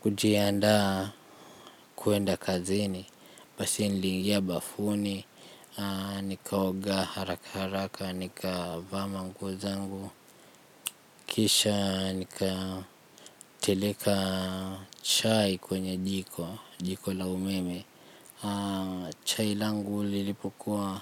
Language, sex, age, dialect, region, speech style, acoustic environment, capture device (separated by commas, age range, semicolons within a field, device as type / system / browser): Swahili, male, 25-34, Kenya - Nairobi Sheng-influenced, Kenya, relaxed, nature; silence, mobile / Linux / Chrome